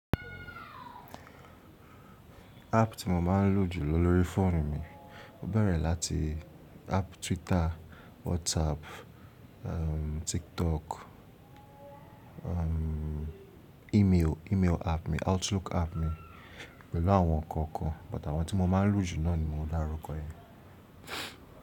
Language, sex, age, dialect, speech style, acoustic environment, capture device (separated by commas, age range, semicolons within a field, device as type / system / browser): Yoruba, male, 25-34, Nigeria - Lagos Yoruba, relaxed, silence, mobile / Linux / Chrome